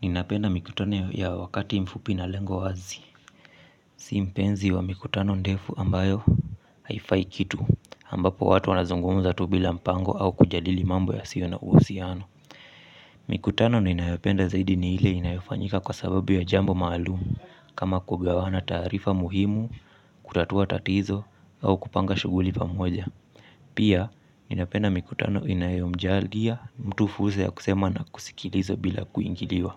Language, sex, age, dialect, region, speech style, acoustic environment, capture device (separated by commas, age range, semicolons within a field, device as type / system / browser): Swahili, male, 25-34, Kenya - Mombasa, Kenya, relaxed, silence, mobile / Linux / Chrome